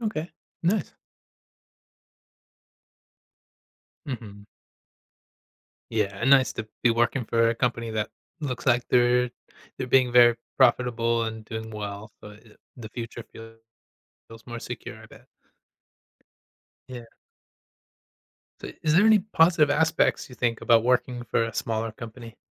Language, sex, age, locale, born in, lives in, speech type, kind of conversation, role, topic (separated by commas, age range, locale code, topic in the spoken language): English, male, 35-39, en-US, United States, United States, conversation, advice, advisor, How can I fit in and learn quickly at a new job?